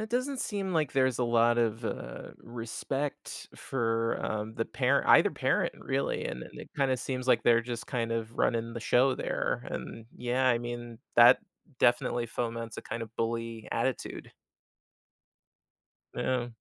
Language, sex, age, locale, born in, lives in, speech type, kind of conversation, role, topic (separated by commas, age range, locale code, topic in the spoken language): English, male, 35-39, en-US, United States, United States, conversation, advice, advisor, How can I find peace after a stressful event?